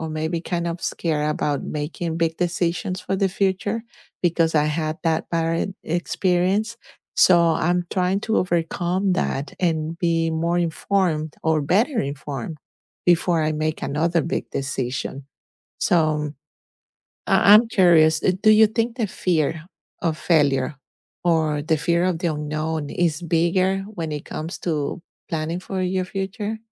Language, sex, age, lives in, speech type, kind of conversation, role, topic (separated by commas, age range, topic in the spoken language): English, female, 50-54, United States, conversation, unstructured, none, What scares you about making big decisions for your future?